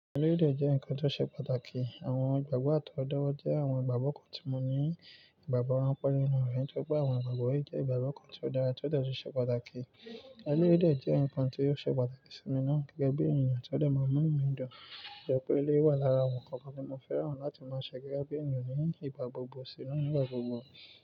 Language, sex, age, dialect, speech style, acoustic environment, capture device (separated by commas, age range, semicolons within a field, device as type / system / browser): Yoruba, male, 18-24, Nigeria - Nigerian Standard, excited, nature, mobile / Linux / Chrome